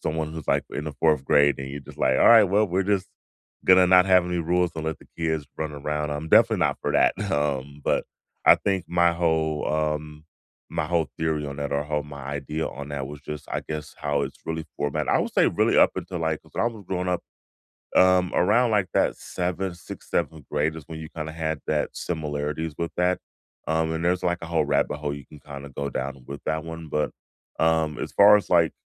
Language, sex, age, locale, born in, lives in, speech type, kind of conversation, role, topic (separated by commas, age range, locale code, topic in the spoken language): English, male, 35-39, en-US, United States, United States, conversation, unstructured, none, Which childhood hobby still brings you joy today, and how has it stayed part of your life?